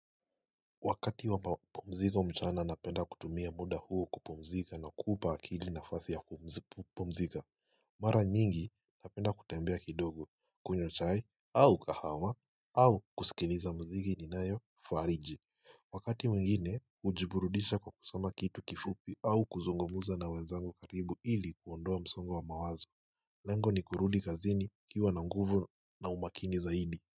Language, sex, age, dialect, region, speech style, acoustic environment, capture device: Swahili, male, 18-24, Kenya - Mombasa, Kenya, happy; relaxed, silence, mobile / Linux / Chrome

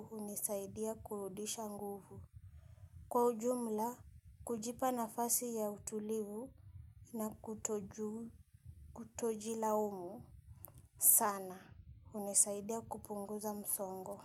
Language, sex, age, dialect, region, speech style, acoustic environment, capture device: Swahili, female, 25-34, Kenya - Nairobi Sheng-influenced, Kenya, happy, silence, mobile / Linux / Chrome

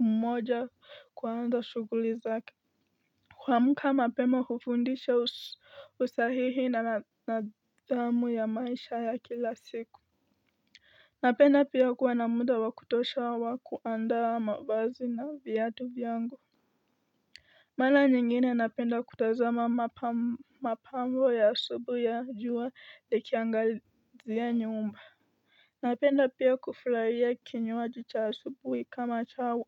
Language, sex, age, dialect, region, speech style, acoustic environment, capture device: Swahili, female, 18-24, Kenya - Nairobi Sheng-influenced, Kenya, stressed; focused; excited; anxious, nature; appliances; construction, mobile / Linux / Chrome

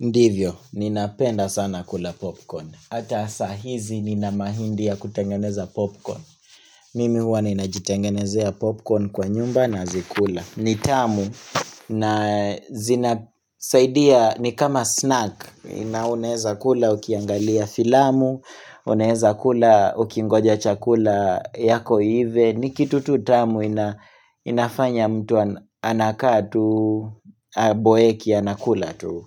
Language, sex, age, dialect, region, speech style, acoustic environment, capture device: Swahili, male, 25-34, Kenya - Nairobi Sheng-influenced, Kenya, happy, silence, mobile / Linux / Chrome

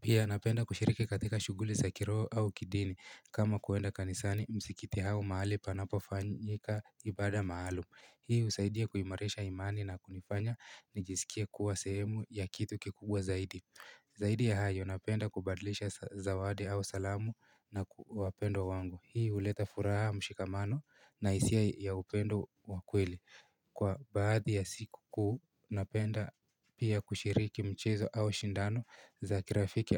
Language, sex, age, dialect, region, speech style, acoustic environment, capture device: Swahili, male, 18-24, Kenya - Nairobi Sheng-influenced, Kenya, happy, people talking, mobile / Linux / Chrome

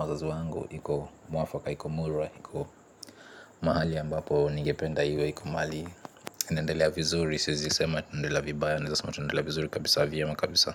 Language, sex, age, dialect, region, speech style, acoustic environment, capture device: Swahili, male, 25-34, Kenya - Nairobi Sheng-influenced, Kenya, relaxed; tired, appliances, mobile / Linux / Chrome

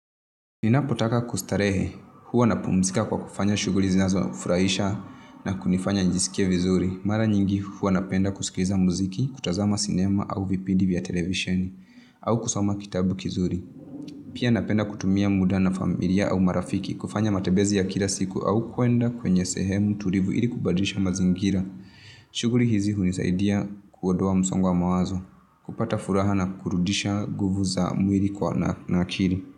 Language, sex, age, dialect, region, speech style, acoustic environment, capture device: Swahili, female, 25-34, Kenya - Nairobi Sheng-influenced, Kenya, excited, nature, mobile / Linux / Chrome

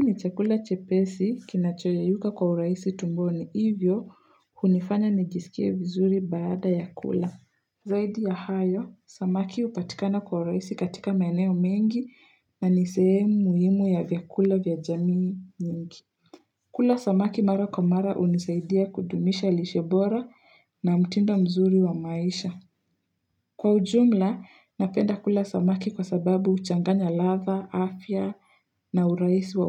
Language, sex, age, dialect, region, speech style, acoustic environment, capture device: Swahili, female, 18-24, Kenya - Nairobi Sheng-influenced, Kenya, excited, nature, mobile / Linux / Chrome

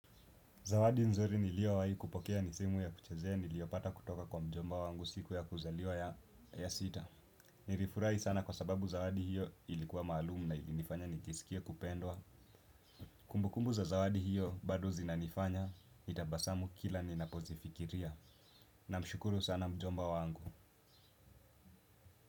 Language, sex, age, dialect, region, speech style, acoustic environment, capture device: Swahili, male, 18-24, Kenya - Nairobi Sheng-influenced, Kenya, focused, appliances, desktop / Windows / Chrome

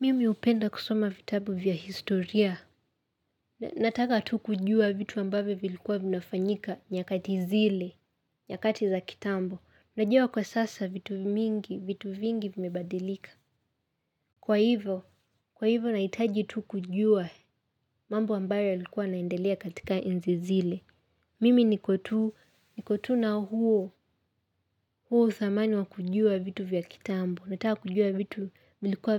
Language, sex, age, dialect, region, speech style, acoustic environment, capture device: Swahili, female, 18-24, Kenya - Nairobi Sheng-influenced, Kenya, happy; excited; relaxed; focused, silence, mobile / Linux / Chrome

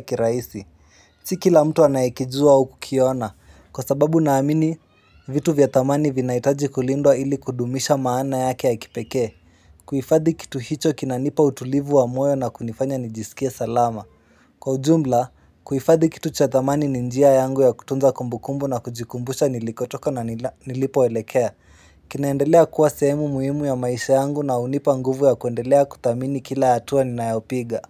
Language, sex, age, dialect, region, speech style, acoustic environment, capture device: Swahili, male, 18-24, Kenya - Nairobi Sheng-influenced, Kenya, excited, silence, mobile / Linux / Chrome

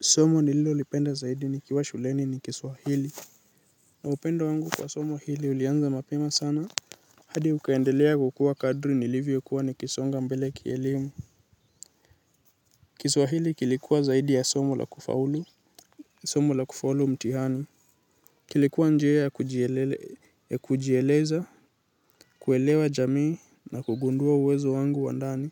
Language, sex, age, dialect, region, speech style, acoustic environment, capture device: Swahili, male, 18-24, Kenya - Nairobi Sheng-influenced, Kenya, anxious; excited; nervous, nature, mobile / Linux / Chrome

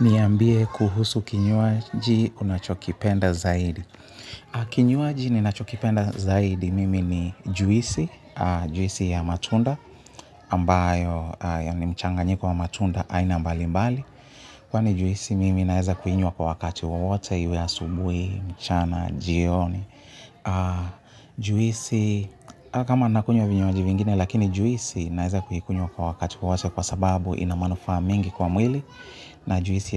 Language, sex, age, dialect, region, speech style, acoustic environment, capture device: Swahili, male, 35-44, Kenya - Nairobi Sheng-influenced, Kenya, happy; relaxed; focused, people talking; construction; music, mobile / Linux / Chrome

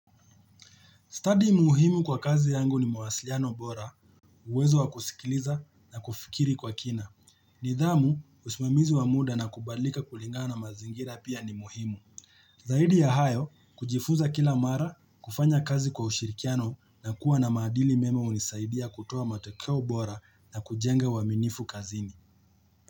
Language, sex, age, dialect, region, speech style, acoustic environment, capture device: Swahili, male, 25-34, Kenya - Nairobi Sheng-influenced, Kenya, relaxed; happy; focused, nature; silence; people talking, mobile / Linux / Chrome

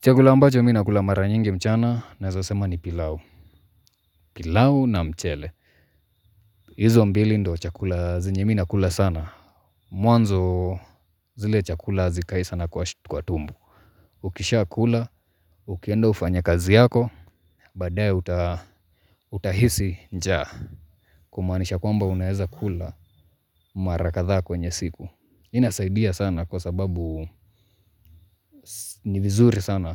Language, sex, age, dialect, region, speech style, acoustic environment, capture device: Swahili, male, 25-34, Kenya - Mombasa, Kenya, happy; focused; relaxed, nature, mobile / Linux / Chrome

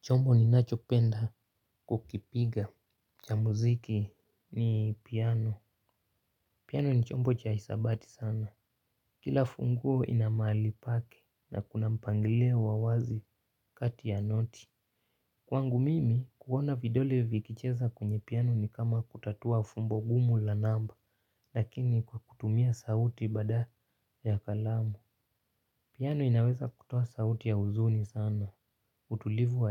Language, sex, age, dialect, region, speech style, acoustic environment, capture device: Swahili, male, 18-24, Kenya - Nairobi Sheng-influenced, Kenya, happy; nervous; focused, nature; silence, mobile / Linux / Chrome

